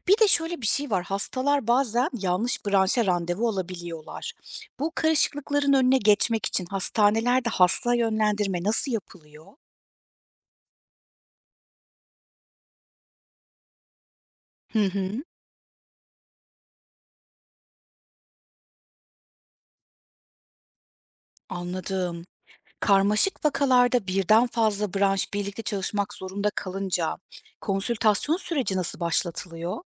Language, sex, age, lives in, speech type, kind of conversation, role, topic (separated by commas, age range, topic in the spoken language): Turkish, female, 35-39, Germany, read, expert-assistant, asker, Farklı tıp branşları nasıl çalışır ve birbirlerinden nasıl ayrılır? (Örneğin dahiliye ile cerrahi arasındaki temel farklar nelerdir?)